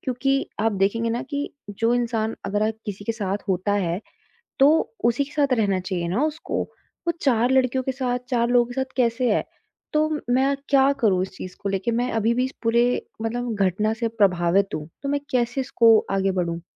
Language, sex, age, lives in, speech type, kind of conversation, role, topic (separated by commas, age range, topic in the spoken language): Hindi, female, 25-29, India, conversation, advice, user, नया रिश्ता शुरू करने का डर और फिर से भरोसा करना मुश्किल लगना